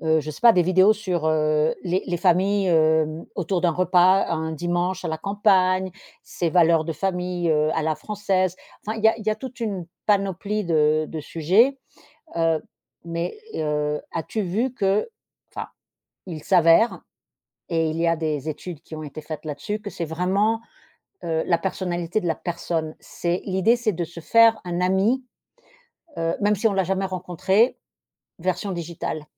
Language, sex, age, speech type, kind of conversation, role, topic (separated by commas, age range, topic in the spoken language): French, female, 50-54, conversation, podcast, host, Quel petit projet lancerais-tu pour te remettre en selle ?